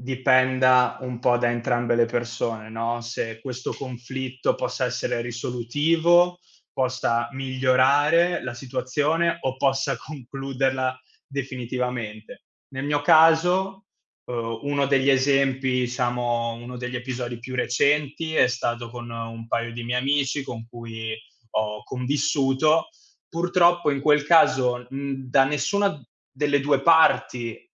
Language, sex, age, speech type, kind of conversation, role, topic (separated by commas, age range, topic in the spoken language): Italian, male, 25-29, conversation, unstructured, none, Perché a volte i conflitti possono far crescere le relazioni?